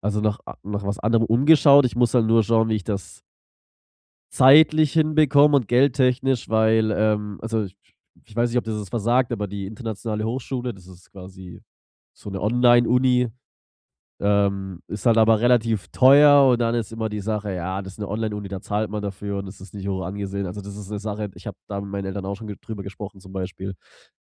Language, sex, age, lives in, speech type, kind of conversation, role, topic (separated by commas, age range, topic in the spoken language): German, male, 25-29, Germany, conversation, advice, user, Wie kann ich dem Druck durch soziale Erwartungen standhalten, ohne meine Freunde zu verlieren?